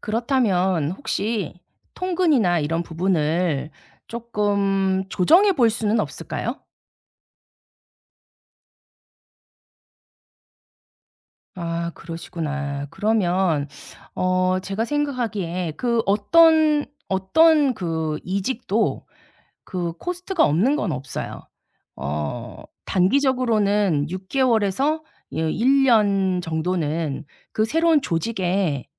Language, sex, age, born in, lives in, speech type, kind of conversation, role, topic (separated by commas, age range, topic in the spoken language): Korean, female, 45-49, South Korea, South Korea, conversation, advice, advisor, 새로운 직장 제안들 사이에서 조건을 비교해 협상까지 고려할 때 어떻게 선택하면 좋을까요?